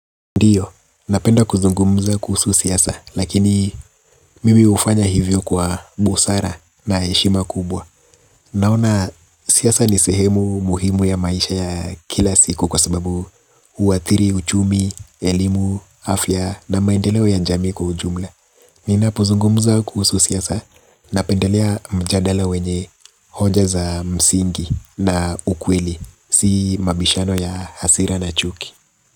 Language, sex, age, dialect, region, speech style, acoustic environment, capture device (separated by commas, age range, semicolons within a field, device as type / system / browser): Swahili, male, 25-34, Kenya - Nairobi Sheng-influenced, Kenya, relaxed, appliances; nature, mobile / Linux / Chrome